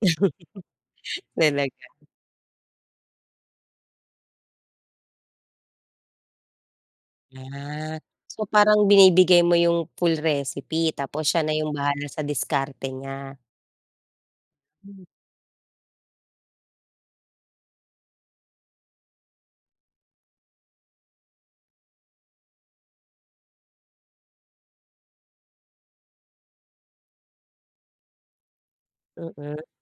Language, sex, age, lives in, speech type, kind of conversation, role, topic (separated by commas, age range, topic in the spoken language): Filipino, female, 35-39, Philippines, conversation, podcast, host, Ano ang pinaka-hindi mo malilimutang pagkakamali mo sa kusina, at ano ang natutuhan mo mula rito?